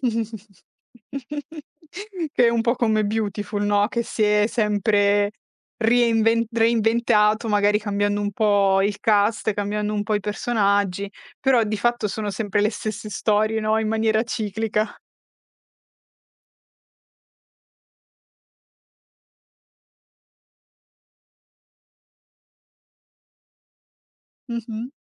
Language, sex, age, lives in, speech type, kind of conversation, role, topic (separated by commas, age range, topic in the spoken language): Italian, female, 25-29, Italy, conversation, podcast, host, Quale programma televisivo riuniva tutta la famiglia a casa tua?